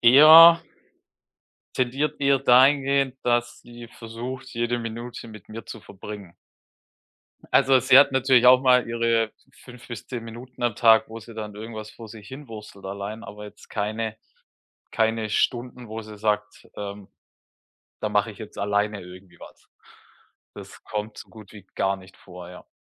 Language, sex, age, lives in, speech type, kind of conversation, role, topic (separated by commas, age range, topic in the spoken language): German, male, 35-39, Germany, conversation, advice, user, Wie kann ich es schaffen, regelmäßig Zeit für mich selbst zu finden?